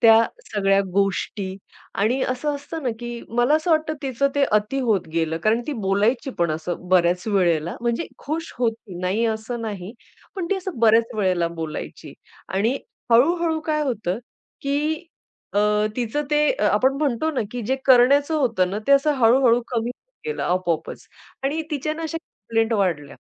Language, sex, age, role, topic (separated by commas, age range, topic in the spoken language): Marathi, female, 40-44, guest, काम गमावल्यामुळे ज्यांना आपल्या आयुष्याचा अर्थ हरवल्यासारखा वाटतो, त्यांना तुम्ही काय सल्ला द्याल?